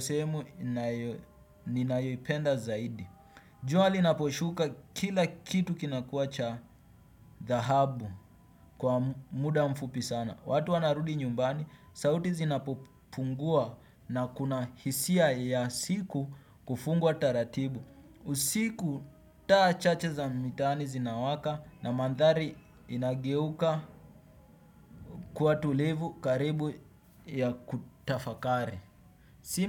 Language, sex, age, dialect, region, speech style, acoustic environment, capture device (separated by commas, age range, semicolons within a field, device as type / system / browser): Swahili, male, 18-24, Kenya - Nairobi Sheng-influenced, Kenya, happy, silence, desktop / Windows / Chrome